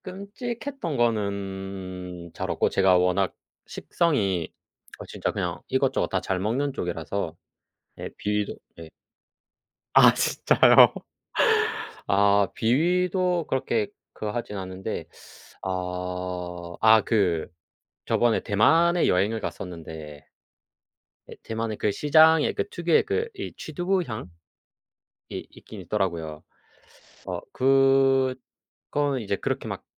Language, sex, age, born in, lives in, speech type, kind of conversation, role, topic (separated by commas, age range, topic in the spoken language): Korean, male, 25-29, South Korea, South Korea, conversation, unstructured, none, 음식과 관련된 추억 중 가장 기억에 남는 것은 무엇인가요?